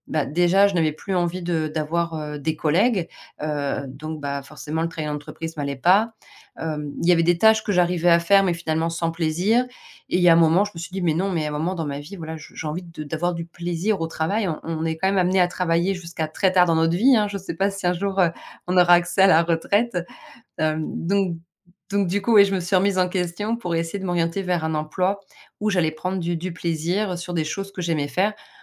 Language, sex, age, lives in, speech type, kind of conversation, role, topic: French, female, 40-44, France, conversation, podcast, guest, Comment transformez-vous concrètement un échec en opportunité ?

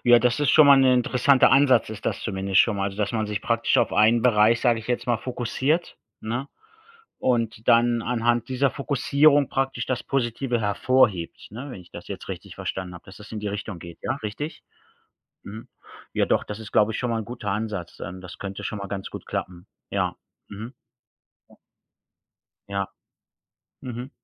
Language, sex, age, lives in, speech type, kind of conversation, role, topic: German, male, 45-49, Germany, conversation, unstructured, none, Wie sollten Medien deiner Meinung nach stärker über positive Ereignisse berichten?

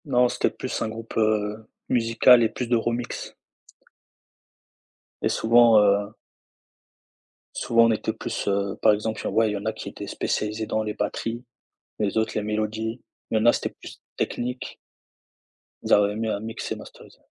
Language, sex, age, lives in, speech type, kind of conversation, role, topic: French, male, 20-24, France, conversation, podcast, guest, Préférez-vous créer seul ou en groupe, et pourquoi ?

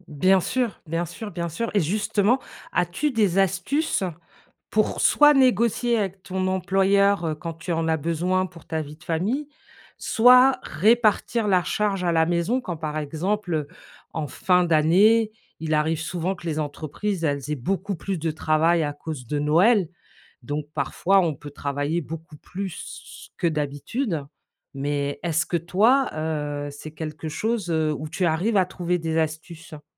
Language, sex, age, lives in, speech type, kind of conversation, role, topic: French, female, 45-49, United States, conversation, podcast, host, Comment concilier travail et vie de famille au quotidien ?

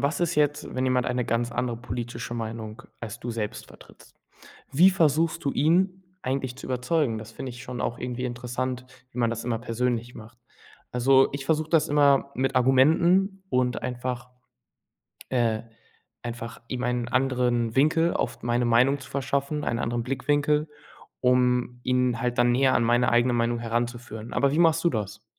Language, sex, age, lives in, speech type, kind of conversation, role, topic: German, male, 18-19, Germany, conversation, unstructured, none, Wie kannst du andere von deiner politischen Meinung überzeugen?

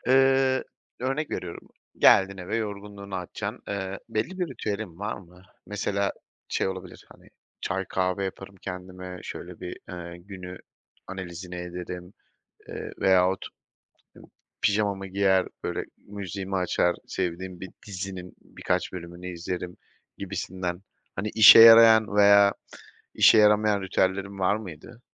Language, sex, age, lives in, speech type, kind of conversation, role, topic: Turkish, male, 30-34, Poland, conversation, podcast, host, Akşam eve geldiğinde kendini nasıl dinlendirirsin?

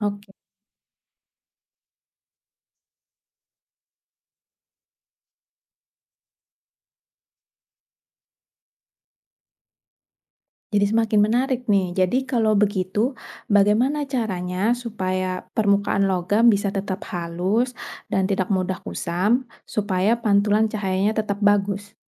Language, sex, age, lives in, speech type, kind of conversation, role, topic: Indonesian, female, 30-34, Indonesia, read, expert-assistant, asker, Mengapa kita bisa melihat bayangan di cermin?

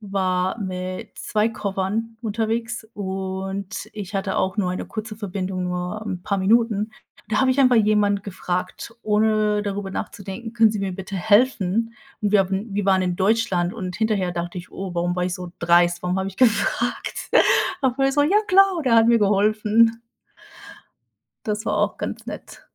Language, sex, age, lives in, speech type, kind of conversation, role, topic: German, female, 30-34, United States, conversation, unstructured, none, Wie wichtig ist dir Freundlichkeit im Umgang mit anderen?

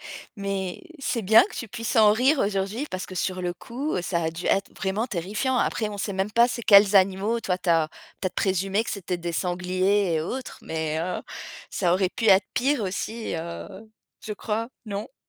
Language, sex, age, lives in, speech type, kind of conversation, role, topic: French, female, 35-39, France, conversation, podcast, host, Quelle galère de voyage te fait encore rire aujourd’hui ?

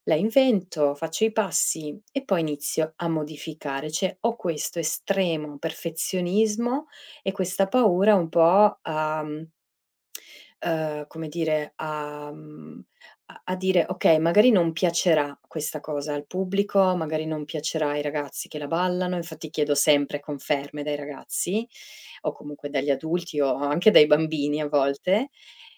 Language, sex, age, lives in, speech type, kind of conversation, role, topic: Italian, female, 35-39, Italy, conversation, advice, user, In che modo il perfezionismo ti impedisce di iniziare o di portare a termine un lavoro creativo?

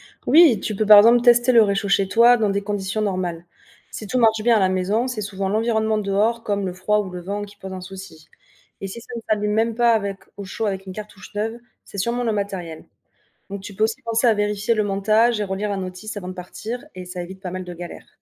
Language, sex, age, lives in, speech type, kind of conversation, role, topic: French, female, 25-29, France, read, expert-assistant, expert, Comment savoir si un problème lors d’une sortie nature vient du matériel, de l’environnement ou de l’utilisateur ?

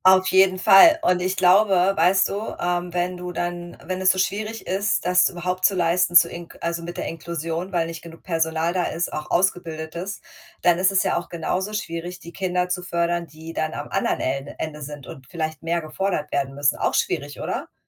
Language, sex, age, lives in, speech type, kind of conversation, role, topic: German, female, 40-44, United States, conversation, unstructured, none, Wie viel Leistungsdruck ist in der Schule zu viel?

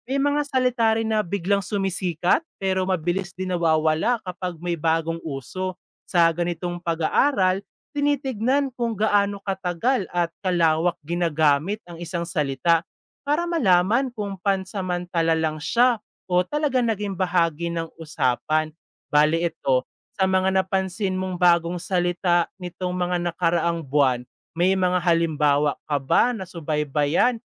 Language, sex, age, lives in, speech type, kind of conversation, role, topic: Filipino, male, 20-24, Philippines, read, expert-assistant, expert, Paano nagbabago ang wika sa social media?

